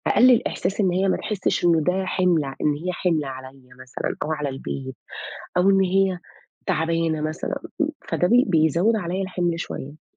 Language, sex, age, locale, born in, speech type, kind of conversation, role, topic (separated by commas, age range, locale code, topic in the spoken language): Arabic, female, 35-39, ar-EG, Saudi Arabia, conversation, advice, user, إزاي ضغط رعاية مريض أو حد من العيلة بيخليك تحس بتوتر؟